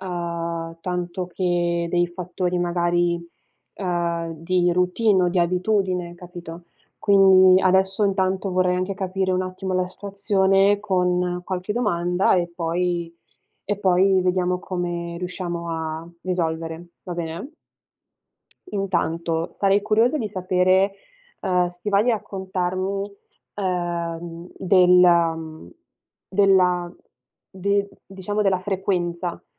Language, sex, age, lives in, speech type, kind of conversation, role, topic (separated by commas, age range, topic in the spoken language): Italian, female, 20-24, Italy, conversation, advice, advisor, Come posso gestire la voglia di fare uno spuntino notturno che mi sveglia e interrompe il sonno?